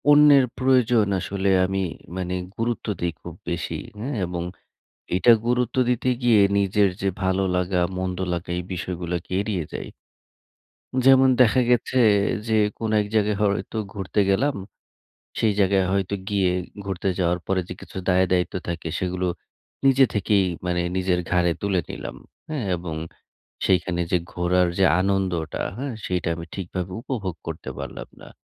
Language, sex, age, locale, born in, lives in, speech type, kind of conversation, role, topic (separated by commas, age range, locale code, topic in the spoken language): Bengali, male, 30-34, bn-BD, Bangladesh, Bangladesh, conversation, advice, user, আমি কীভাবে সীমা নির্ধারণ করে নিজের সুরক্ষা নিশ্চিত করতে পারি?